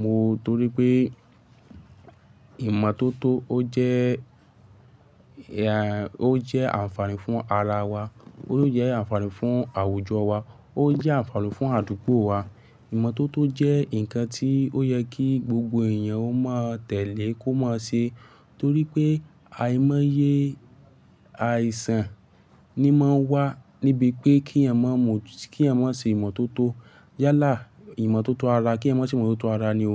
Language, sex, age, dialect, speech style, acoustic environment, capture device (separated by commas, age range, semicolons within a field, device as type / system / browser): Yoruba, male, 18-24, Nigeria - Lagos Yoruba, focused, silence, mobile / Linux / Chrome